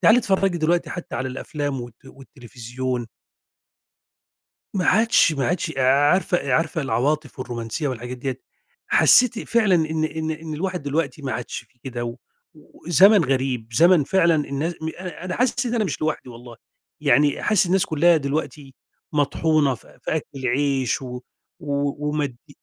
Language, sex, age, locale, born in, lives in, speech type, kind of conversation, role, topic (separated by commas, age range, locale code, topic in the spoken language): Arabic, male, 50-54, ar-EG, Egypt, Egypt, conversation, advice, user, إيه اللي مخليك حاسس بخمول عاطفي وفاقد اهتمامك بالحاجات، وده بقاله قد إيه؟